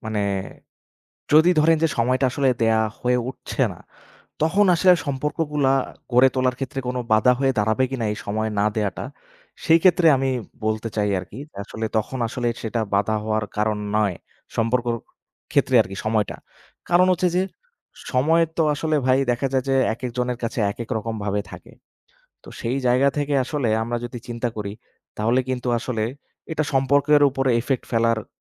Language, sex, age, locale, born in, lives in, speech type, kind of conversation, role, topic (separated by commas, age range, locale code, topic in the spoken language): Bengali, male, 25-29, bn-BD, Bangladesh, Bangladesh, conversation, unstructured, none, ভালোবাসার মানুষের সঙ্গে একসঙ্গে সময় কাটানো কীভাবে সম্পর্ক গড়ে তোলে?